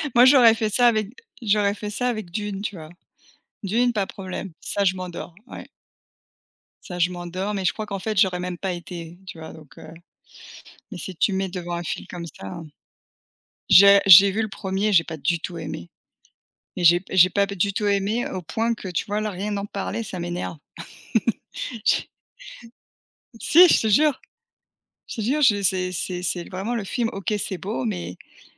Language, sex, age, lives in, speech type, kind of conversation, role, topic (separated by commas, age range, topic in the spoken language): French, female, 40-44, United States, conversation, unstructured, none, Comment décidez-vous entre lire un livre et regarder un film ?